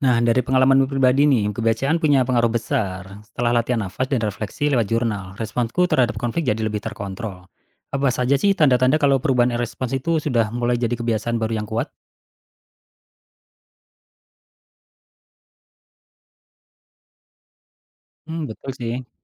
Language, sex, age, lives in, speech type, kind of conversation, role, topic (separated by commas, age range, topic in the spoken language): Indonesian, male, 35-39, Indonesia, read, expert-assistant, asker, Apa pendekatan paling efektif untuk belajar mengenali dan mengelola emosi sendiri saat menghadapi konflik dengan orang terdekat?